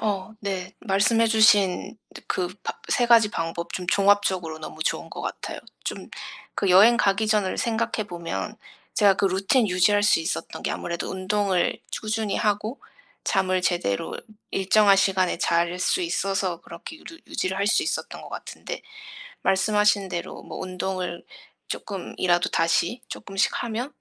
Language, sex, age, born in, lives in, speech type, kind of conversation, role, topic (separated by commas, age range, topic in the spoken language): Korean, female, 30-34, South Korea, United States, conversation, advice, user, 일관된 수면 일정을 만들려면 어떻게 시작해야 할까요?